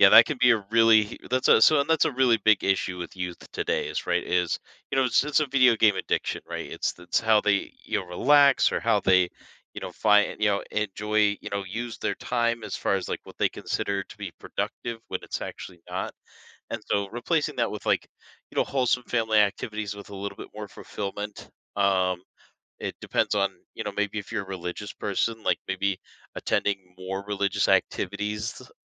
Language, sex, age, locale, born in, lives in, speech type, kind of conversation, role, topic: English, male, 30-34, en-US, United States, United States, conversation, advice, advisor, How can I make friends?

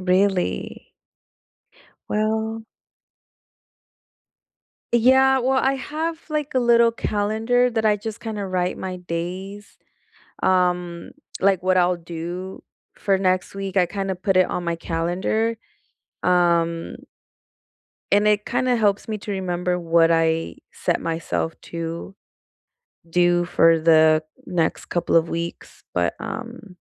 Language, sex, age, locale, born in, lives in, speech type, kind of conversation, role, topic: English, female, 25-29, en-US, United States, United States, conversation, unstructured, none, Which skill do you admire in others and want to learn?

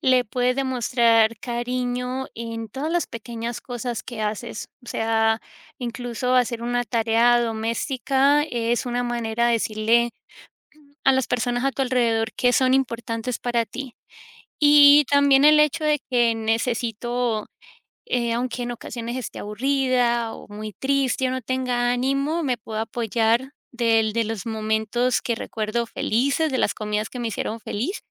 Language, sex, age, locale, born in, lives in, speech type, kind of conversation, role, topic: Spanish, female, 35-39, es-CO, United States, United States, conversation, podcast, guest, ¿Tienes algún recuerdo de infancia ligado a una comida?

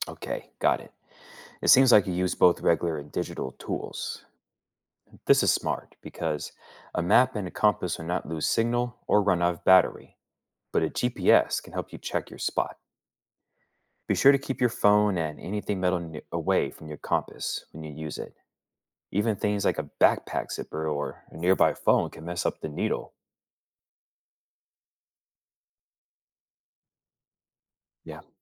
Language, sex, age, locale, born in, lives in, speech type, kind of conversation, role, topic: English, male, 35-39, en-US, United States, United States, read, expert-assistant, expert, How does a compass indicate magnetic north?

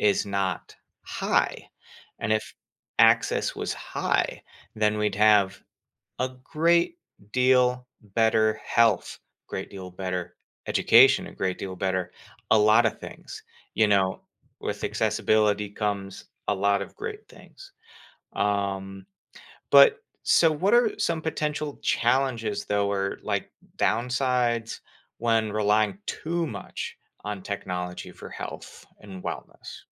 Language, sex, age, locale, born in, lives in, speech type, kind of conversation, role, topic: English, male, 30-34, en-US, United States, United States, conversation, podcast, host, How is technology changing the way we manage our health and well-being?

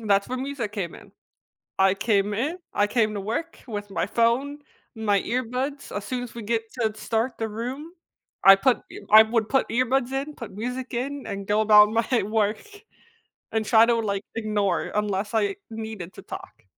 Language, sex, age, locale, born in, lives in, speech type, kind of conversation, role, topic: English, female, 20-24, en-US, United States, United States, conversation, podcast, host, How does music shape your routines and experiences throughout the day?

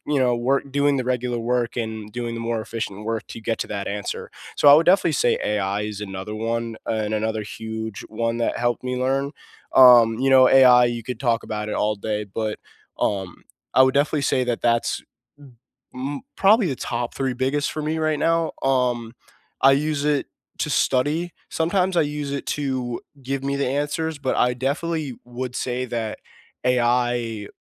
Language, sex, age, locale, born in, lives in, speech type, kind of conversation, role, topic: English, male, 18-19, en-US, United States, United States, conversation, unstructured, none, Which classroom technologies truly helped your learning, which ones distracted you, and how did you cope?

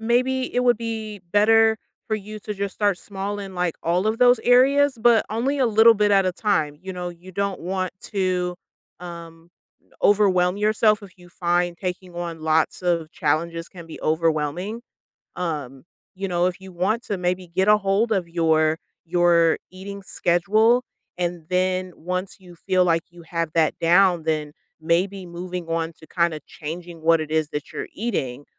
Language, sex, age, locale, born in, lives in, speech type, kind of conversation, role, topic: English, female, 30-34, en-US, United States, United States, conversation, advice, advisor, How can I build and stick to healthier daily habits?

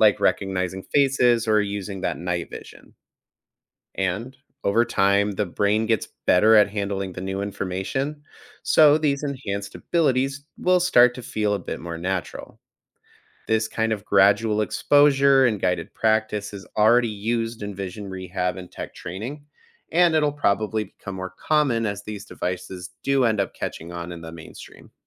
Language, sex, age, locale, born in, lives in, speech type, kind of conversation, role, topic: English, male, 30-34, en-US, United States, United States, read, expert-assistant, expert, How could human senses be improved for color blindness?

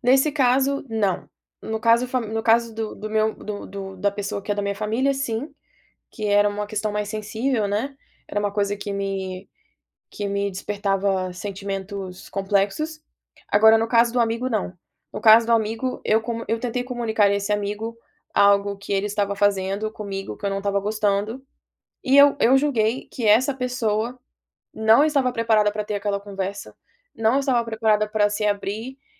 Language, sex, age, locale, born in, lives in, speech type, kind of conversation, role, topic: Portuguese, female, 25-29, pt-BR, Brazil, United States, conversation, podcast, guest, Como comunicar limites de forma assertiva sem parecer agressivo?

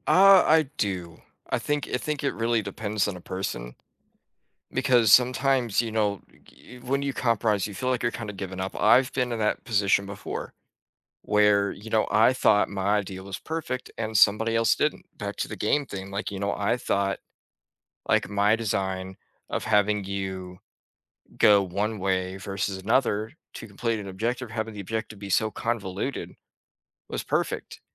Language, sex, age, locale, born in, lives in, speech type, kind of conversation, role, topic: English, male, 20-24, en-US, United States, United States, conversation, unstructured, none, Why do some people refuse to compromise in a disagreement?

